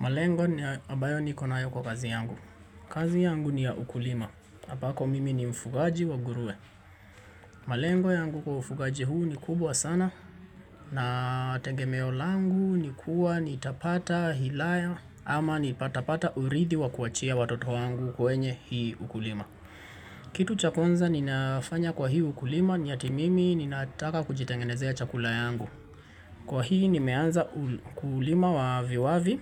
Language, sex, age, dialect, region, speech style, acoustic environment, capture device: Swahili, male, 25-34, Kenya - Nairobi Sheng-influenced, Kenya, anxious, appliances, mobile / Linux / Chrome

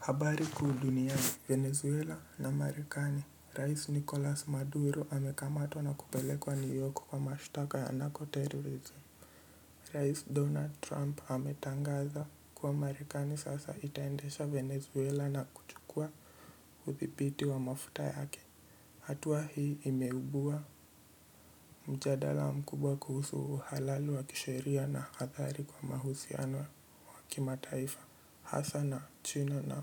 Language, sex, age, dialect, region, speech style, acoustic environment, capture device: Swahili, male, 18-24, Kenya - Mombasa, Kenya, relaxed, people talking; nature, mobile / Linux / Edge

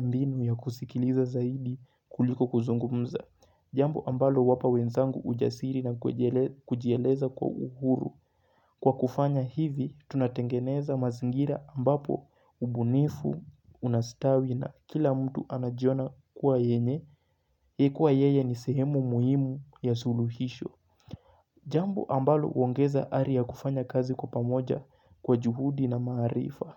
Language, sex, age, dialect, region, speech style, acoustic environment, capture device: Swahili, male, 18-24, Kenya - Mombasa, Kenya, focused, nature, mobile / Linux / Chrome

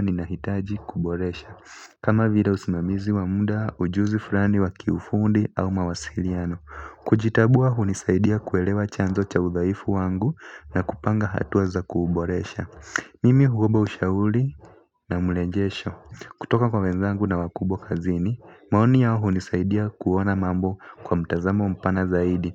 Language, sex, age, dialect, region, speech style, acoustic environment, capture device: Swahili, male, 18-24, Kenya - Nairobi Sheng-influenced, Kenya, focused; relaxed, people talking; music; appliances, mobile / Linux / Chrome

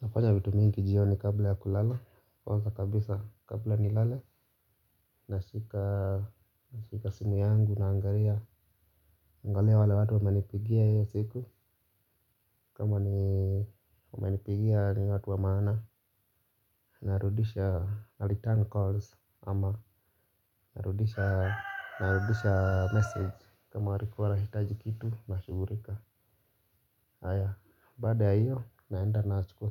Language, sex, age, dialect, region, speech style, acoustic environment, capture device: Swahili, male, 25-34, Kenya - Nairobi Sheng-influenced, Kenya, relaxed, nature; silence, mobile / Linux / Chrome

